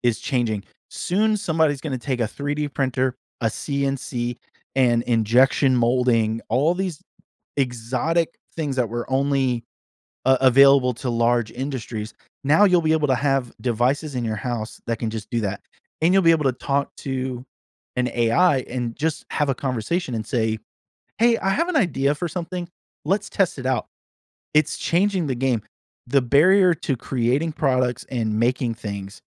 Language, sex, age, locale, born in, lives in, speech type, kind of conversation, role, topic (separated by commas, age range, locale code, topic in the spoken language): English, male, 40-44, en-US, United States, United States, conversation, podcast, guest, In what ways can sharing a hobby help build new friendships or communities?